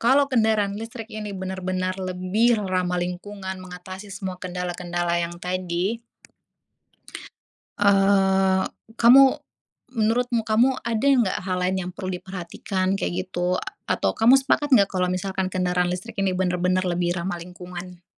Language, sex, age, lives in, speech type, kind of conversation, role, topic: Indonesian, female, 25-29, Indonesia, conversation, unstructured, none, Bagaimana pendapatmu tentang penggunaan kendaraan listrik?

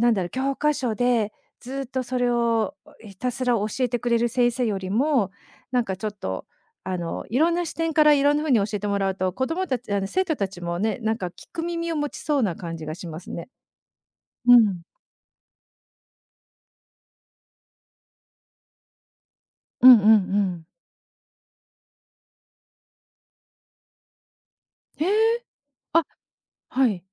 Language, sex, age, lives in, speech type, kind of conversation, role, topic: Japanese, female, 50-54, Japan, conversation, podcast, host, その先生のどんなところが好きでしたか？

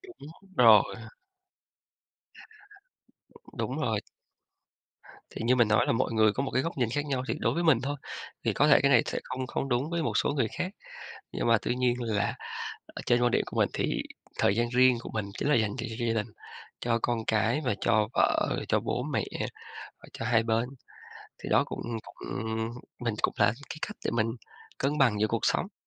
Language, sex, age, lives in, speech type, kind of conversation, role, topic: Vietnamese, male, 35-39, Vietnam, conversation, podcast, guest, Làm sao bạn cân bằng việc học với công việc và gia đình?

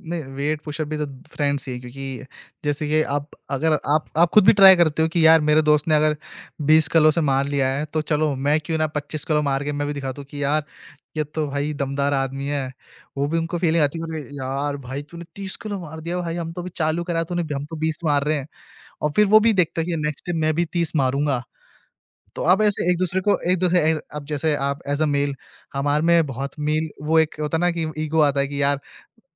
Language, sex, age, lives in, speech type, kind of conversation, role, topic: Hindi, male, 20-24, India, conversation, podcast, guest, Tum roz workout ko apni daily routine mein kaise maintain karte ho?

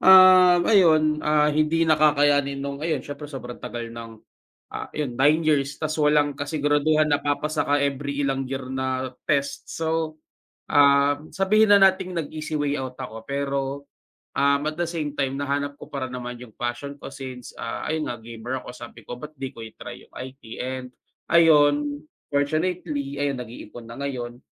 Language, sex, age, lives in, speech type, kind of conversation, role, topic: Filipino, male, 25-29, Philippines, conversation, unstructured, none, Ano ang mga pangarap mo sa hinaharap, at bakit?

